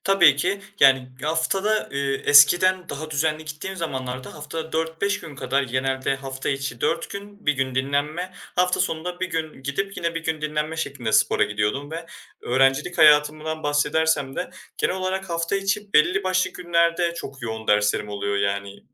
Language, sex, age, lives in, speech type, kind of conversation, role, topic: Turkish, male, 20-24, Germany, conversation, advice, user, Yoğun iş temposu nedeniyle egzersize zaman bulamıyorsanız bunu nasıl çözebilirsiniz?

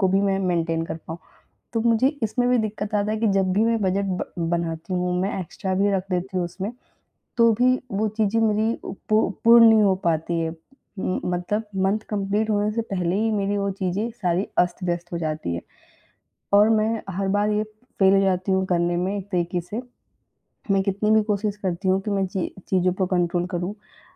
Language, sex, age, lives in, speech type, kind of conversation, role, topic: Hindi, female, 25-29, India, conversation, advice, user, बजट बनाने के बावजूद आप अपने खर्चों पर नियंत्रण क्यों नहीं रख पा रहे/रही हैं?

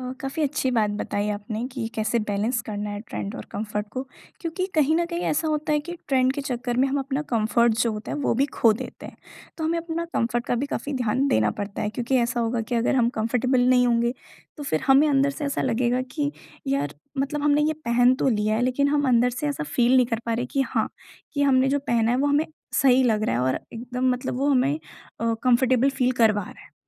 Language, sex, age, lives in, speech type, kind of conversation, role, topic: Hindi, female, 20-24, India, conversation, podcast, host, Tum apni dressing se apni personality kaise show karte ho?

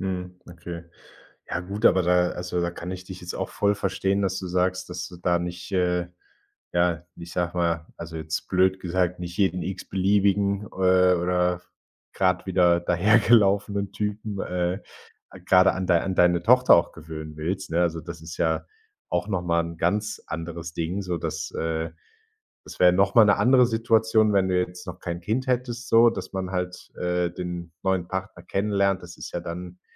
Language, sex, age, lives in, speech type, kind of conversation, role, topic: German, male, 25-29, Germany, conversation, advice, advisor, Wie kann ich Streit mit meinem Bruder oder meiner Schwester besser lösen?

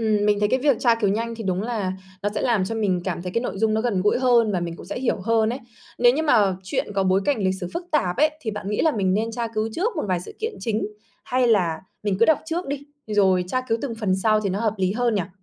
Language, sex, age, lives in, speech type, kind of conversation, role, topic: Vietnamese, female, 25-29, Vietnam, read, expert-assistant, asker, Làm sao để đọc truyện kinh điển mà không cảm thấy quá khó hiểu hay nhàm chán?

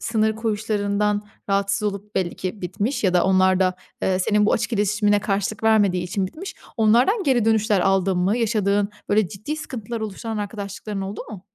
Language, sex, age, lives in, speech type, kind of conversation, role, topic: Turkish, female, 25-29, Italy, conversation, podcast, host, İlişkiler sana kendin hakkında neler öğretti?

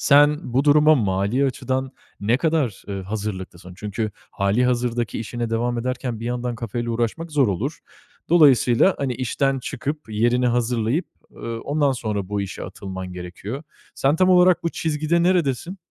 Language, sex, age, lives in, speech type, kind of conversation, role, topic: Turkish, male, 25-29, Italy, conversation, advice, advisor, İş değiştirme kararını nasıl veriyorsun?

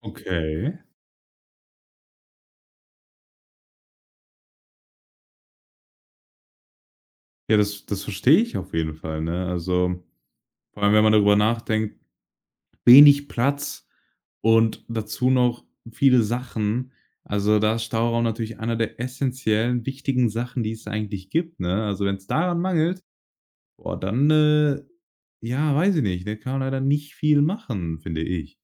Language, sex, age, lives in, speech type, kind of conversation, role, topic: German, male, 18-19, Germany, conversation, podcast, host, Wie behältst du bei wenig Platz Ordnung?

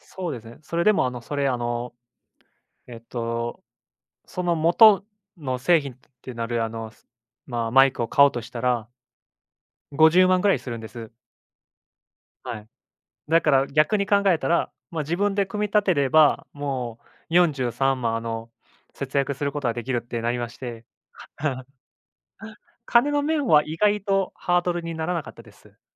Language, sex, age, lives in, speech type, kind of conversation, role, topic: Japanese, male, 25-29, Japan, conversation, unstructured, none, 好きな趣味を続けるうえで、いちばん大変なことは何ですか？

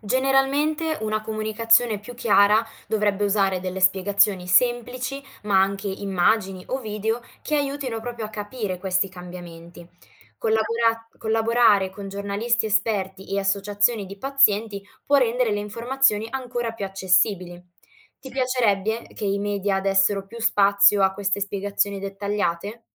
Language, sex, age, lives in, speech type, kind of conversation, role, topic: Italian, female, 20-24, Italy, read, expert-assistant, expert, Quando senti parlare di una 'scoperta rivoluzionaria' in medicina, come fai a sapere se è vera o esagerata?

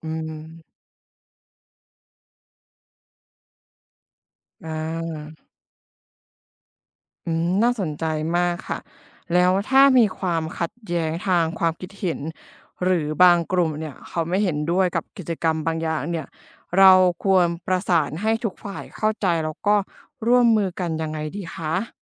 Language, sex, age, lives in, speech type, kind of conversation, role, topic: Thai, female, 25-29, Thailand, read, expert-assistant, asker, ถ้าอยากให้ชุมชนสุขภาพดีขึ้น ควรให้ความสำคัญกับอะไรเป็นอันดับแรก?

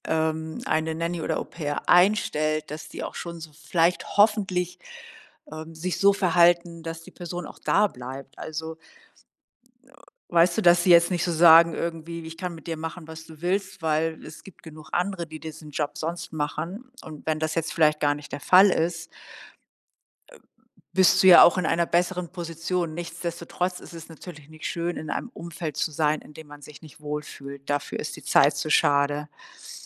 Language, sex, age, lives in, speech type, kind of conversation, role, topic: German, female, 60-64, Germany, conversation, advice, advisor, Welche Zweifel hast du vor deinem bevorstehenden Umzug in eine neue Stadt?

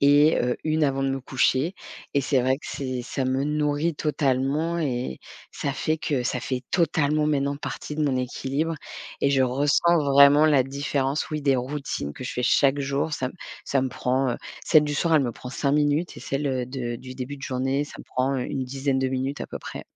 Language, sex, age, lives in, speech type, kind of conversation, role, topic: French, female, 40-44, France, conversation, podcast, guest, Quelles habitudes ont vraiment changé ta vie ?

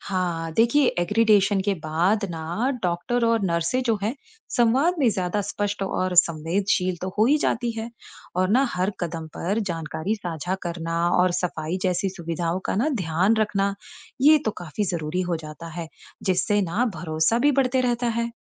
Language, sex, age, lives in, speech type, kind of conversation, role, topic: Hindi, female, 35-39, United States, read, expert-assistant, expert, क्या बड़े और छोटे अस्पतालों का प्रबंधन करने के अपने-अपने फायदे और मुश्किलें होती हैं?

